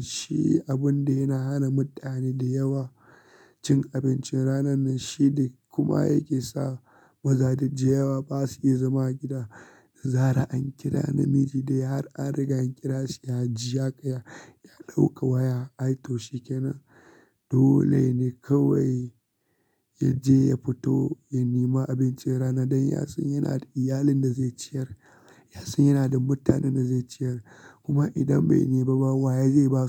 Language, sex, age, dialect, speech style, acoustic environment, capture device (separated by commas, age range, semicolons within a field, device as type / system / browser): Hausa, male, 25-34, Nigeria - Kano, relaxed, silence, desktop / Linux / Chrome